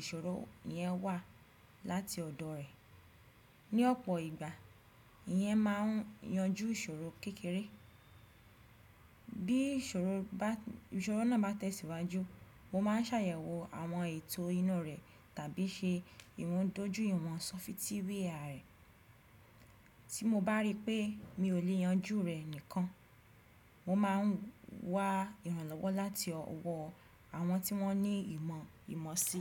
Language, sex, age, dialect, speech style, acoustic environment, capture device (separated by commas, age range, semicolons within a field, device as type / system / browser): Yoruba, female, 25-34, Nigeria - Ibadan, focused; relaxed, silence; nature, desktop / Windows / Chrome